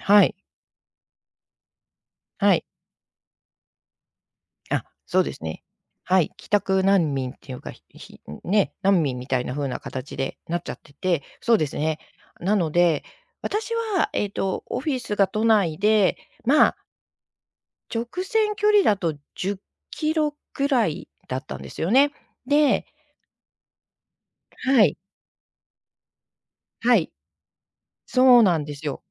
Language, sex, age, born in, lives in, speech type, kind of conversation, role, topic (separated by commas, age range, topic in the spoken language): Japanese, female, 55-59, Japan, Japan, conversation, podcast, guest, 人生で一番予想外だった出来事は何ですか？